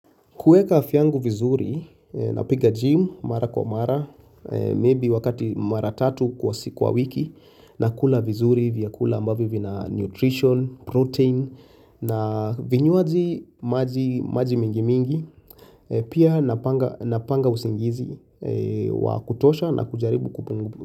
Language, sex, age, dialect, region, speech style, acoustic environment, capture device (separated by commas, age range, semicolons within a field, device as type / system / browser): Swahili, male, 25-34, Kenya - Nairobi Sheng-influenced, Kenya, relaxed; tired; focused; nervous, silence; people talking, mobile / Linux / Chrome